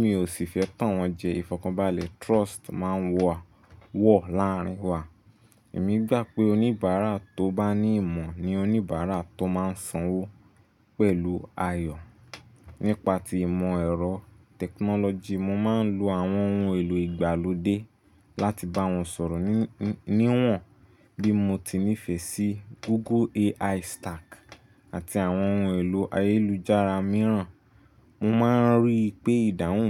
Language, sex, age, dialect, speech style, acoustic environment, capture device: Yoruba, male, 25-34, Nigeria - Nigerian Standard, relaxed, silence, desktop / Linux / Chrome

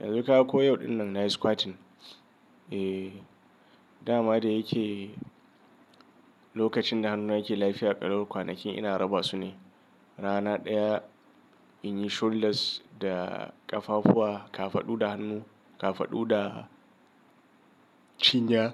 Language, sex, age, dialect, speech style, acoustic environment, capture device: Hausa, male, 35-44, Nigeria - Kano, anxious; nervous; excited; stressed, nature, mobile / Linux / Chrome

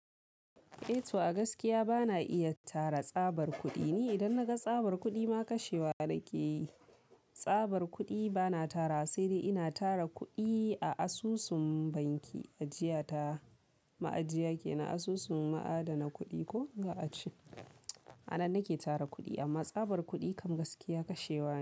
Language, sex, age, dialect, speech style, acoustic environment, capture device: Hausa, female, 18-24, Nigeria - Kano, focused, people talking; silence; appliances, mobile / Linux / Chrome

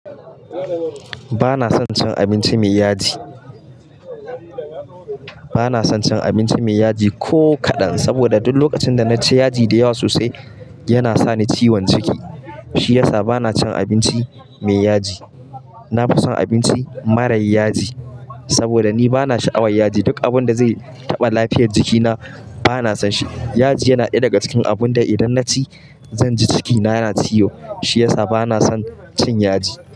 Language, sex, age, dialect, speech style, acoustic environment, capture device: Hausa, male, 25-34, Nigeria - Kano, happy, people talking, mobile / Linux / Chrome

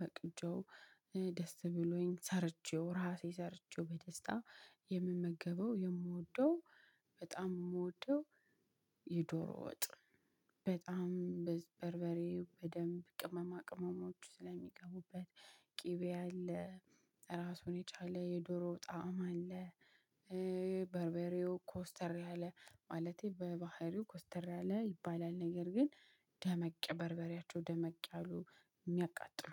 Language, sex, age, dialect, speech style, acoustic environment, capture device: Amharic, female, 25-34, Ethiopia - Addis Ababa, happy, people talking, mobile / Linux / Chrome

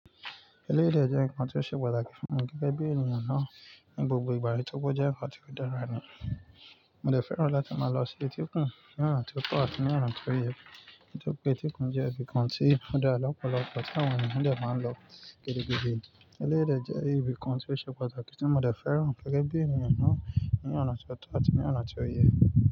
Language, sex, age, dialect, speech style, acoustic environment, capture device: Yoruba, male, 18-24, Nigeria - Nigerian Standard, excited, nature, mobile / Linux / Chrome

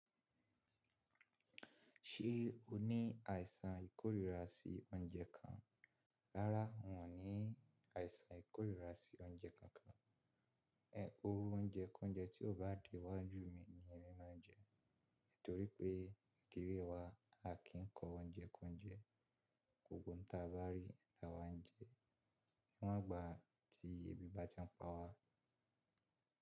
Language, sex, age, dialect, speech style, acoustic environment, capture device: Yoruba, male, 25-34, Nigeria - Ibadan, relaxed, silence, mobile / Linux / Chrome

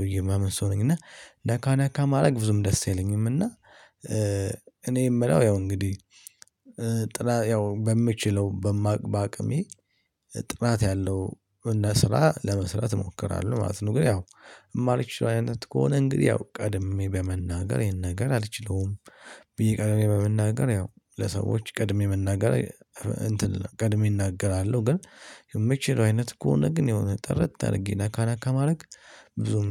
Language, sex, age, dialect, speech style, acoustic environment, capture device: Amharic, male, 18-24, Ethiopia - Addis Ababa, stressed; happy, people talking, mobile / Linux / Chrome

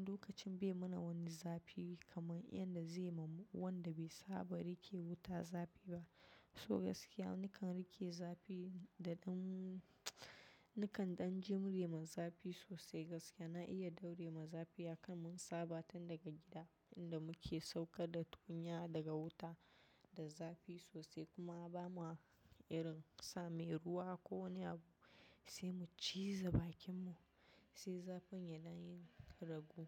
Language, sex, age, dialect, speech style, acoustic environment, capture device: Hausa, female, 25-34, Nigeria - Nigerian Standard, relaxed; focused, nature, mobile / macOS / Safari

